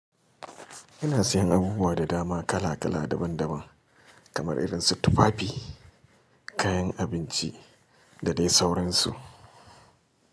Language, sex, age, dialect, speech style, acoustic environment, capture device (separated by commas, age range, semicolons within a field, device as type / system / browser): Hausa, male, 35-44, Nigeria - Kano, relaxed, silence, mobile / Linux / Chrome